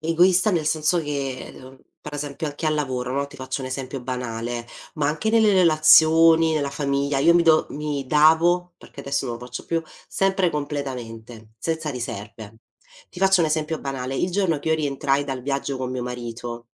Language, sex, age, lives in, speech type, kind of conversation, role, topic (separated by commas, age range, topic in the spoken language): Italian, female, 30-34, Italy, conversation, podcast, guest, Come gestisci lo stress nella vita di tutti i giorni?